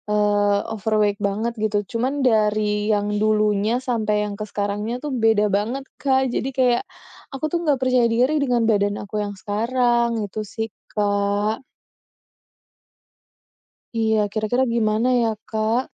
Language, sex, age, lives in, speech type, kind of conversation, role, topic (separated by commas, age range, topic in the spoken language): Indonesian, female, 30-34, Indonesia, conversation, advice, user, Bagaimana saya bisa mulai membangun kembali kepercayaan diri saya?